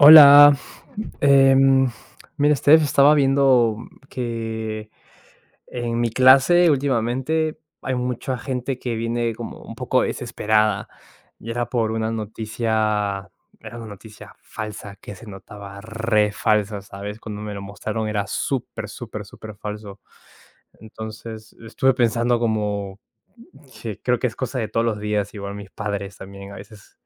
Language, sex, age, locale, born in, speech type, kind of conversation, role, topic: Spanish, male, 20-24, es-PE, Peru, conversation, unstructured, none, ¿Cómo crees que la desinformación afecta a nuestra sociedad?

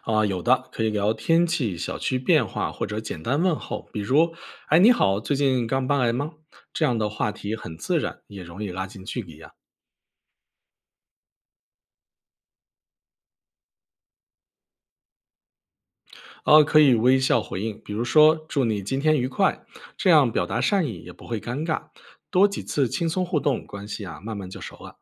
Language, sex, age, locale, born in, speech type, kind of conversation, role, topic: Chinese, male, 35-39, zh-CN, China, read, expert-assistant, expert, 听说住在城市里的人比小城市或农村更孤独，这种说法可靠吗？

